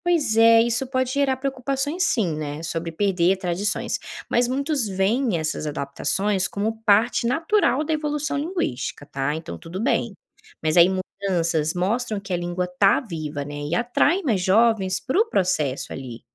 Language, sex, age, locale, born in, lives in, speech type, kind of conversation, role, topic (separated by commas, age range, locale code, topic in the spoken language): Portuguese, female, 25-29, pt-BR, Brazil, Spain, read, expert-assistant, expert, Quando falam em preservar uma língua, o que exatamente está incluído nisso além das palavras?